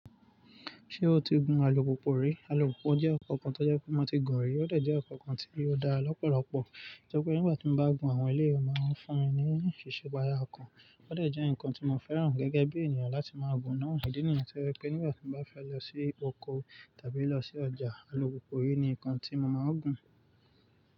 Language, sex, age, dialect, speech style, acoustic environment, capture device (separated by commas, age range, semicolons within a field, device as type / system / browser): Yoruba, male, 25-34, Nigeria - Nigerian Standard, focused, nature, mobile / Linux / Chrome